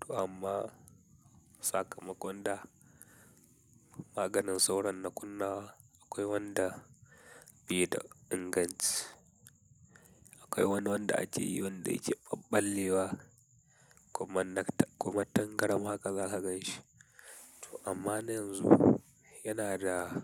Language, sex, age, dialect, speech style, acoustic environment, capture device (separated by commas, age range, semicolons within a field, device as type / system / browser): Hausa, male, 18-24, Nigeria - Katsina, happy, silence, mobile / Linux / Chrome